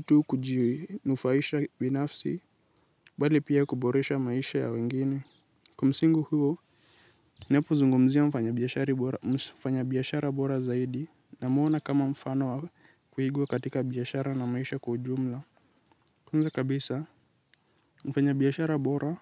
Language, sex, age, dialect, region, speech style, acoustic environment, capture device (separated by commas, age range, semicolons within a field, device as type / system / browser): Swahili, male, 18-24, Kenya - Nairobi Sheng-influenced, Kenya, anxious; excited; nervous, nature, mobile / Linux / Chrome